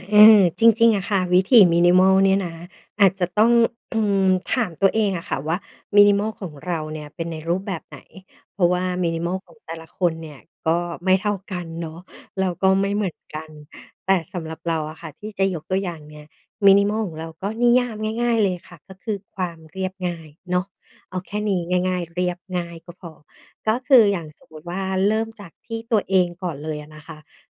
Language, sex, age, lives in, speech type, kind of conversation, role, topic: Thai, female, 40-44, Thailand, conversation, podcast, guest, ถ้าจะเริ่มมินิมอล คุณแนะนำให้เริ่มจากส่วนไหนก่อน?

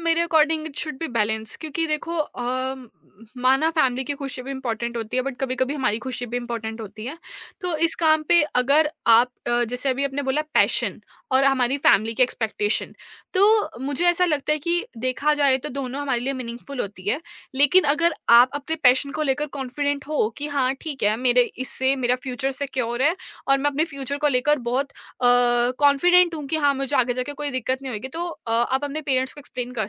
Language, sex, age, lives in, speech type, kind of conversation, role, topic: Hindi, female, 20-24, India, conversation, podcast, guest, Kaise pata chalega ki koi kaam aapke liye sach mein maayne rakhta hai?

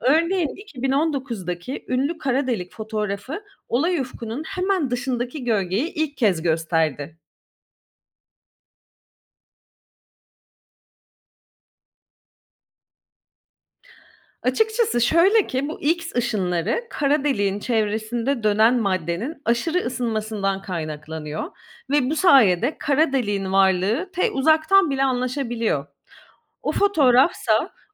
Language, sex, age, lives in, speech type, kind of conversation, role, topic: Turkish, female, 35-39, Greece, read, expert-assistant, expert, Kozmolojiyle ilgili okuduğum bilgilerin doğruluğunu nasıl kontrol edebilirim?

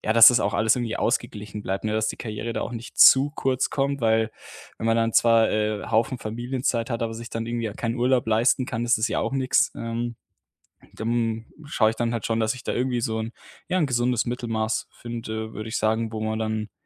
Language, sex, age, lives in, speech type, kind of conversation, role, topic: German, male, 25-29, Germany, conversation, podcast, guest, Wie entscheidest du, ob Karriere oder Familie Vorrang haben soll?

